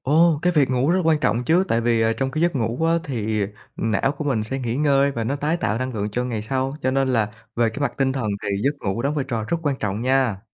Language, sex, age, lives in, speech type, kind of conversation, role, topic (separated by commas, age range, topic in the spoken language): Vietnamese, male, 30-34, Vietnam, conversation, podcast, guest, Thói quen ngủ ảnh hưởng đến sức khỏe tinh thần của bạn như thế nào?